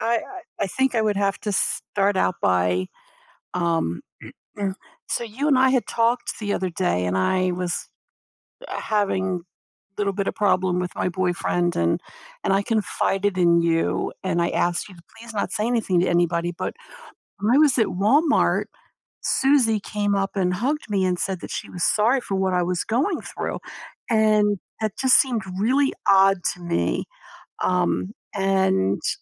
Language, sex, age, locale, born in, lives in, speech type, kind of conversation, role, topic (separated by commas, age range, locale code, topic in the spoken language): English, female, 60-64, en-US, United States, United States, conversation, advice, advisor, How can I repair a friendship after a secret was shared?